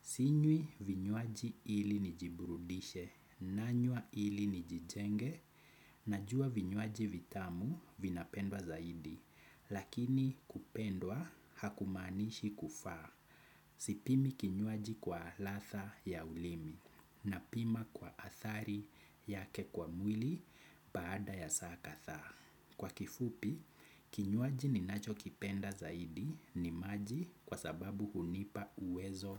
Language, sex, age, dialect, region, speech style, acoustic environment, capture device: Swahili, male, 25-34, Kenya - Nairobi Sheng-influenced, Kenya, anxious, nature, desktop / Windows / Chrome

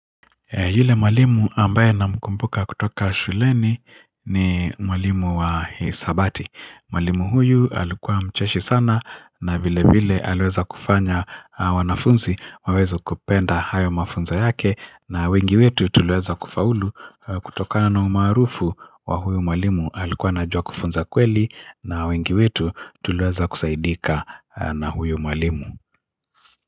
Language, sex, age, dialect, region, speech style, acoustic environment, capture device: Swahili, male, 45-54, Kenya - Nairobi Sheng-influenced, Kenya, happy; relaxed, nature; silence, mobile / Linux / Chrome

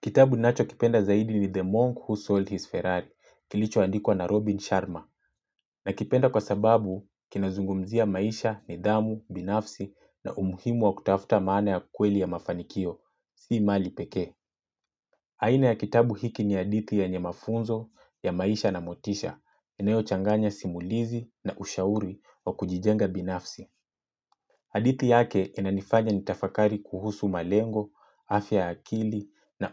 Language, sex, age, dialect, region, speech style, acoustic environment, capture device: Swahili, male, 25-34, Kenya - Mombasa, Kenya, relaxed; happy; focused, silence, mobile / Linux / Chrome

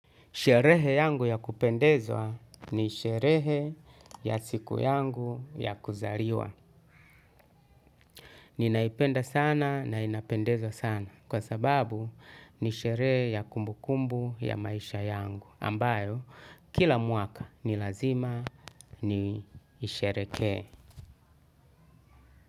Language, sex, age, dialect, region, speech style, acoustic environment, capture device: Swahili, male, 35-44, Tanzania - Dar es Salaam, Tanzania, anxious, music, desktop / Linux / Chrome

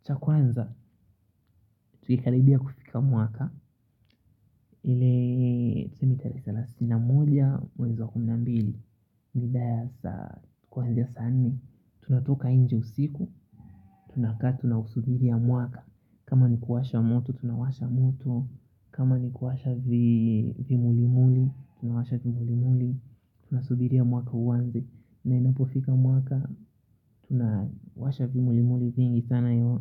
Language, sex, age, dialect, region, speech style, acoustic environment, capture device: Swahili, male, 25-34, Kenya - Nairobi Sheng-influenced, Kenya, relaxed, silence; nature, mobile / Linux / Chrome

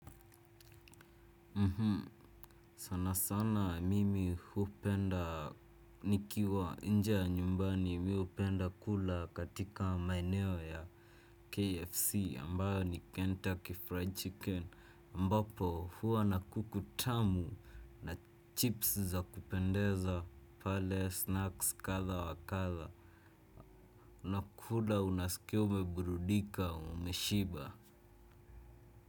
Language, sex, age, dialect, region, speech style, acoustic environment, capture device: Swahili, male, 18-24, Kenya - Nairobi Sheng-influenced, Kenya, focused, silence, desktop / Windows / Chrome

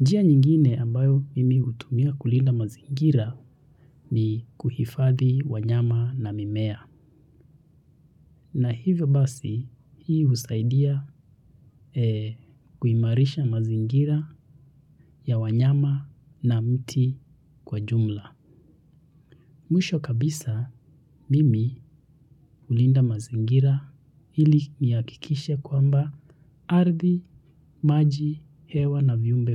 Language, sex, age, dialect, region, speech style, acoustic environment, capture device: Swahili, male, 25-34, Kenya - Nairobi Sheng-influenced, Kenya, relaxed, silence, mobile / Linux / Chrome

